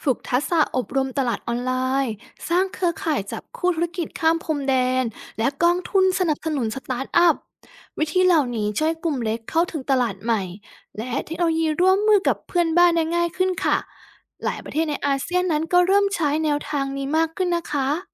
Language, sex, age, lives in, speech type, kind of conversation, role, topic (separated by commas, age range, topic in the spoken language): Thai, female, 30-34, Thailand, read, expert-assistant, expert, เวลาประเทศไทยทำข้อตกลงการค้าใหม่ ควรให้ความสำคัญกับเรื่องไหนมากที่สุด?